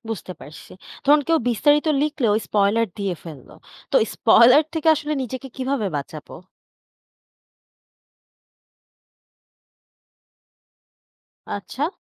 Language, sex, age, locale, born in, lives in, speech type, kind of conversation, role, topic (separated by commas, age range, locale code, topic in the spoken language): Bengali, female, 25-29, bn-BD, Bangladesh, Bangladesh, read, expert-assistant, asker, কোনও বই নিয়ে আলোচনা পড়ে, সেটি বিশ্বাসযোগ্য কিনা বুঝতে গেলে কী কী লক্ষ করা উচিত?